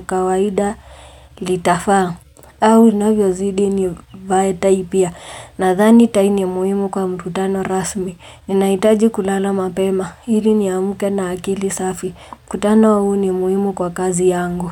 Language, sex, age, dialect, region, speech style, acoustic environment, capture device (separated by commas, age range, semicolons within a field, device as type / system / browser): Swahili, female, 18-24, Kenya - Nairobi Sheng-influenced, Kenya, relaxed, silence, mobile / Linux / Chrome